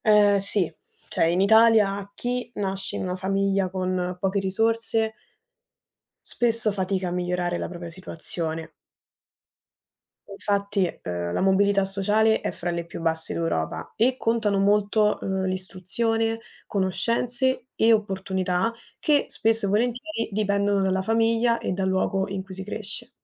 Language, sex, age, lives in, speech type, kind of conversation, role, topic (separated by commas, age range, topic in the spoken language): Italian, female, 20-24, Italy, read, expert-assistant, expert, In Italia è possibile cambiare il proprio destino sociale se si nasce svantaggiati?